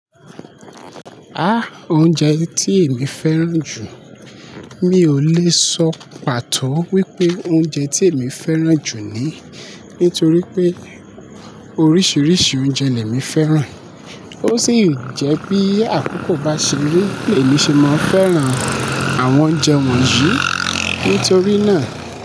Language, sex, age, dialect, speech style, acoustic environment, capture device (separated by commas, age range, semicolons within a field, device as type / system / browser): Yoruba, male, 25-34, Nigeria - Lagos Yoruba, happy, people talking; appliances, mobile / Linux / Chrome